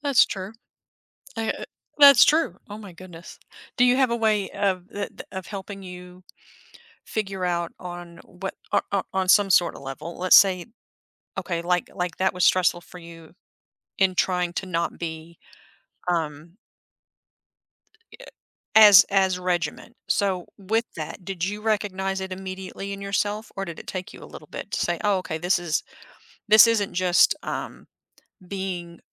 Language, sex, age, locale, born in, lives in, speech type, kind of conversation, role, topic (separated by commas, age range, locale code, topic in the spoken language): English, female, 50-54, en-US, United States, United States, conversation, podcast, host, What strategies help you stay balanced and resilient during stressful times?